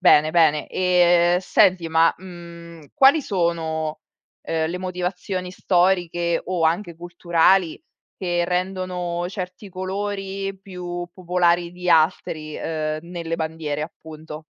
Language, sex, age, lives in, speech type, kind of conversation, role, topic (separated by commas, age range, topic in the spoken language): Italian, female, 35-39, Italy, read, expert-assistant, asker, Qual è il significato dei colori nelle bandiere nazionali